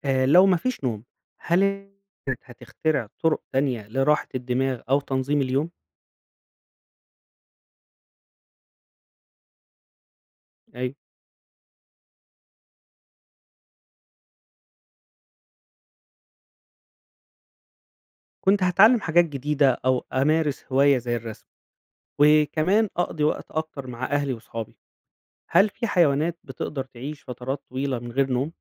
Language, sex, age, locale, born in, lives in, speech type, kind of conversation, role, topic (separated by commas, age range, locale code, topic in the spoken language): Arabic, male, 35-39, ar-EG, Egypt, Egypt, read, expert-assistant, asker, تخيل لو الإنسان كان يقدر يعيش من غير نوم خالص، إزاي ده كان هيأثر على الجسم والعقل؟